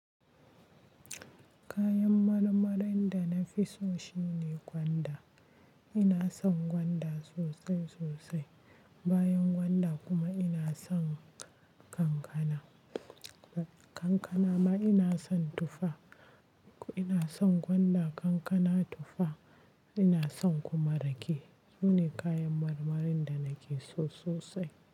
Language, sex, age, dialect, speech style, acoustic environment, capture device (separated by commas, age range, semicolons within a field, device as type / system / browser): Hausa, female, 25-34, Nigeria - Kano, relaxed, nature, mobile / Linux / Chrome